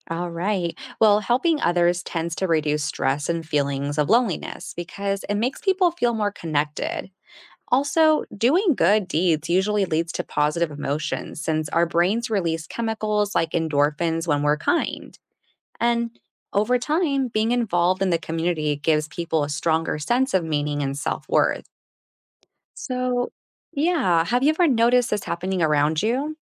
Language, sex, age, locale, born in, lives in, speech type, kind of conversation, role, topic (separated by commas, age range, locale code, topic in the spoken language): English, female, 35-39, en-US, United States, United States, read, expert-assistant, expert, Why is it important to help others in our community?